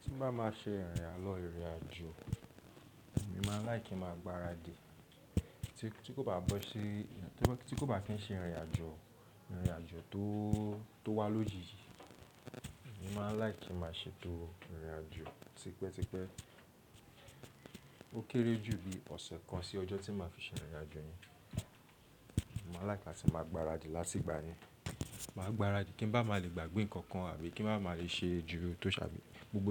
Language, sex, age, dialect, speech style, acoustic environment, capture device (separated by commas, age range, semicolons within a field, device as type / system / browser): Yoruba, male, 25-34, Nigeria - Lagos Yoruba, relaxed, nature, mobile / Linux / Chrome